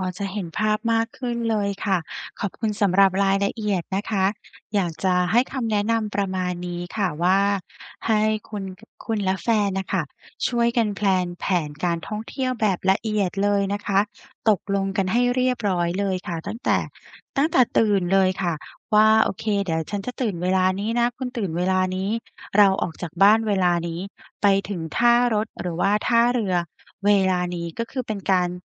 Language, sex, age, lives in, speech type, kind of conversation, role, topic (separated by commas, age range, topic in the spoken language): Thai, female, 35-39, Thailand, conversation, advice, advisor, ทำอย่างไรให้การเดินทางท่องเที่ยวไม่เครียดและสนุกขึ้นสำหรับฉันและเพื่อน?